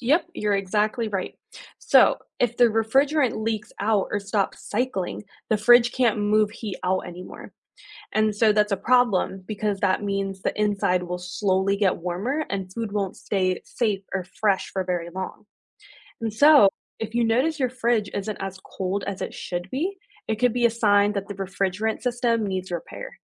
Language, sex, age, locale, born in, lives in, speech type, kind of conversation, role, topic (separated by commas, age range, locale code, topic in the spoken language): English, female, 25-29, en-US, United States, United States, read, expert-assistant, expert, How does a refrigerator keep food cold and preserve food safely?